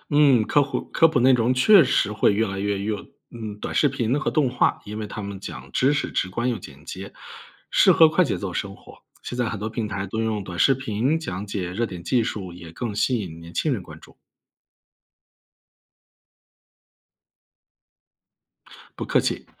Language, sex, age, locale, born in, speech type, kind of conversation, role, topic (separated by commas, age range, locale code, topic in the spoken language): Chinese, male, 35-39, zh-CN, China, read, expert-assistant, expert, 我怎么能更好地看懂不同国家之间的互动？